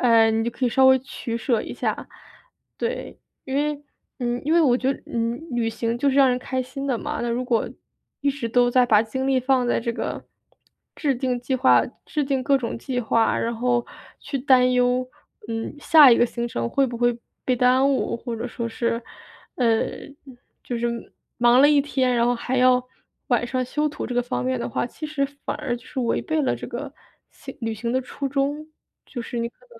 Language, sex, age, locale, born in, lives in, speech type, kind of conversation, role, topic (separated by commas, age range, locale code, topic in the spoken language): Chinese, female, 25-29, zh-CN, China, United States, conversation, advice, advisor, 旅行中如何保持身心健康并保持精力充沛？